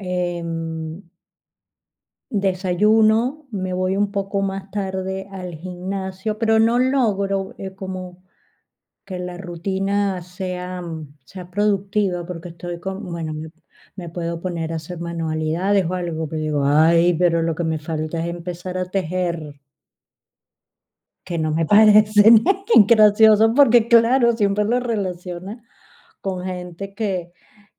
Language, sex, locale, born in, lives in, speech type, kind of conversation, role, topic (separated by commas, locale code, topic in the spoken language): Spanish, female, es-VE, Spain, Spain, conversation, advice, user, ¿Desde que te jubilaste o te retiraste, te has sentido sin rumbo?